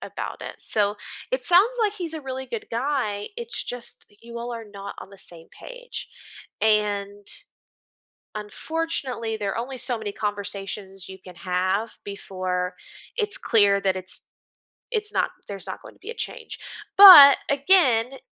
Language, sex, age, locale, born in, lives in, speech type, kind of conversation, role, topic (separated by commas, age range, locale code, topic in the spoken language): English, female, 30-34, en-US, United States, United States, conversation, advice, advisor, How can I cope with feeling disgusted by my partner's dishonesty?